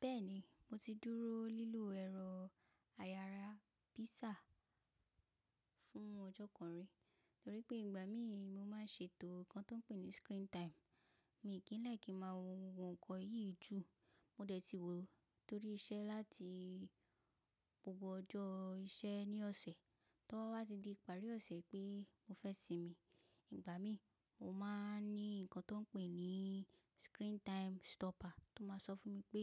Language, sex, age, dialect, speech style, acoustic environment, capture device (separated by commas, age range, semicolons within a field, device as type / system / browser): Yoruba, female, 25-34, Nigeria - Lagos Yoruba, relaxed; focused, silence, mobile / macOS / Safari